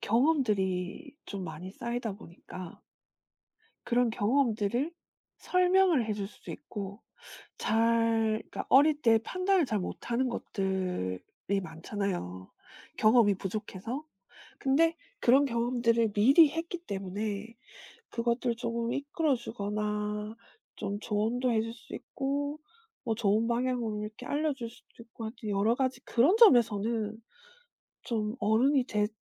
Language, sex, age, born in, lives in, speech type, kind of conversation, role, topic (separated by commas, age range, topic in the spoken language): Korean, female, 40-44, South Korea, South Korea, conversation, podcast, guest, 어른이 되었다고 실감했던 순간은 언제였나요?